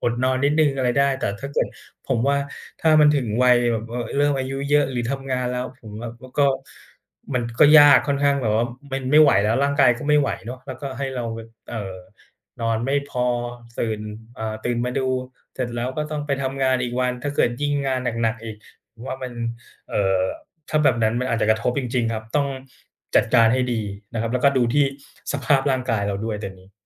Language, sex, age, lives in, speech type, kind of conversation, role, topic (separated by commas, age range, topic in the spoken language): Thai, male, 40-44, Thailand, conversation, podcast, guest, งานอดิเรกนี้ช่วยส่งผลต่อสุขภาพกายหรือสุขภาพใจของคุณอย่างไรบ้าง?